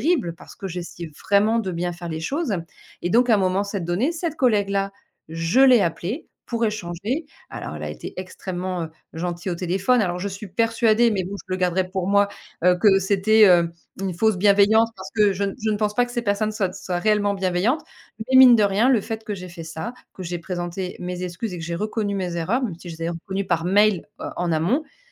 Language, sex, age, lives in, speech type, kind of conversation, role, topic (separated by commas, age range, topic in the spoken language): French, female, 40-44, France, conversation, podcast, guest, Pourquoi, à ton avis, autant d’e-mails créent-ils des malentendus ?